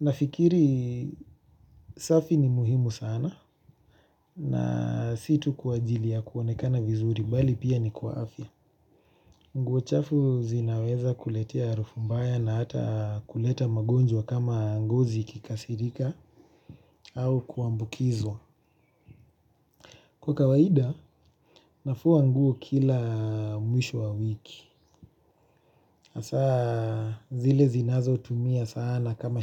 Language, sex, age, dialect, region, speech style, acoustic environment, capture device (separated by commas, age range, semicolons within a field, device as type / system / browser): Swahili, male, 25-34, Kenya - Nairobi Sheng-influenced, Kenya, relaxed, nature, mobile / Linux / Chrome